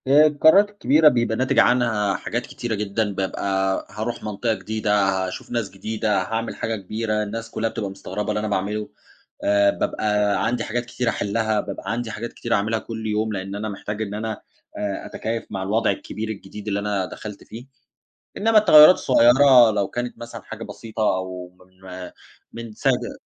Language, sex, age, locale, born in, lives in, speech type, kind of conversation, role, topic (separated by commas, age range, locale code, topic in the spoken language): Arabic, male, 30-34, ar-EG, Egypt, Germany, conversation, advice, user, إزاي أتعامل مع الإحساس إني مش عارف أنا مين بعد تغييرات كبيرة حصلت في حياتي؟